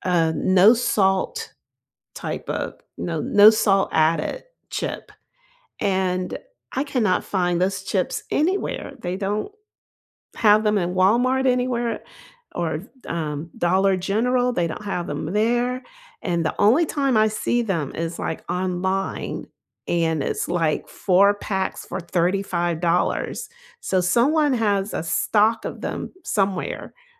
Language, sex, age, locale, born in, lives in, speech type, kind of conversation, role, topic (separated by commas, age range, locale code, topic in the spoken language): English, female, 55-59, en-US, United States, United States, conversation, unstructured, none, What recipes or comfort foods make you feel most nourished and cozy?